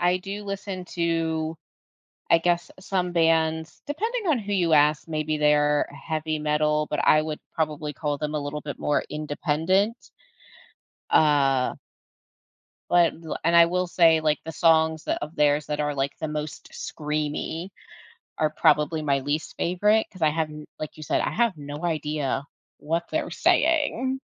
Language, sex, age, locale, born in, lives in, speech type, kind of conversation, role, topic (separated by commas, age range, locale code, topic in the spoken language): English, female, 35-39, en-US, United States, United States, conversation, podcast, host, How does music shape our emotions, routines, and connections with others?